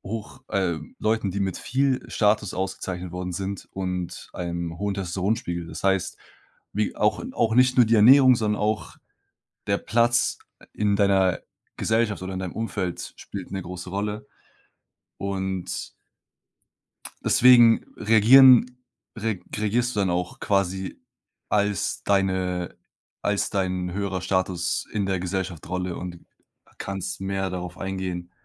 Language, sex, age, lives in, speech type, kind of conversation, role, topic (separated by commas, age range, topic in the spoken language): German, male, 20-24, Germany, conversation, advice, advisor, Wie kannst du bei Freunden oder Kollegen Nein sagen, ohne Schuldgefühle zu haben?